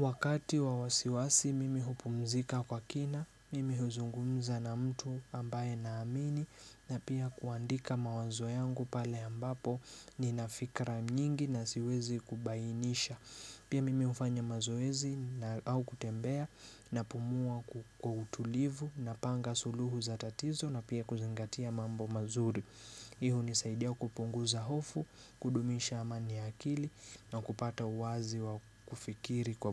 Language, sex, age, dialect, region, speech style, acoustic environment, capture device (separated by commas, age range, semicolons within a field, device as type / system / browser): Swahili, male, 25-34, Kenya - Nairobi Sheng-influenced, Kenya, relaxed; focused, nature; silence, mobile / Linux / Chrome